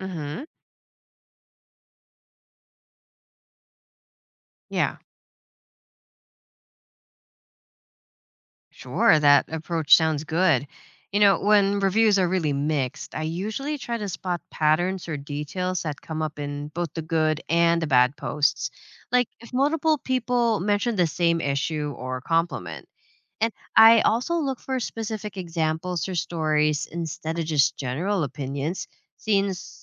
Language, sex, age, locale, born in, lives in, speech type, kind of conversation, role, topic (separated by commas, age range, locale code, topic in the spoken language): English, female, 30-34, en-US, Philippines, United States, read, expert-assistant, asker, How can you verify urban neighborhood sources' reliability?